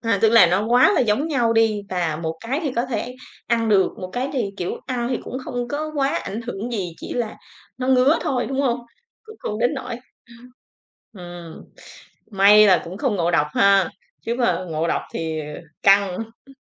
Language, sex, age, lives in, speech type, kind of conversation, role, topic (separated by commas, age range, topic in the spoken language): Vietnamese, female, 35-39, Vietnam, conversation, podcast, host, Món ăn nào khiến bạn nhớ nhất về gia đình mình?